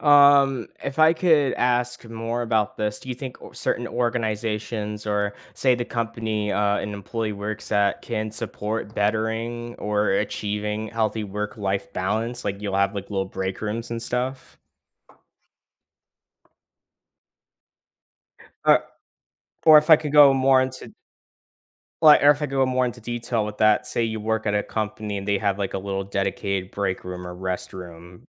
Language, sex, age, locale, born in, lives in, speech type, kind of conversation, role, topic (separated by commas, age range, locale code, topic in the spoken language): English, male, 18-19, en-US, United States, United States, conversation, podcast, host, What habits or routines help you maintain a healthy balance between work and personal life?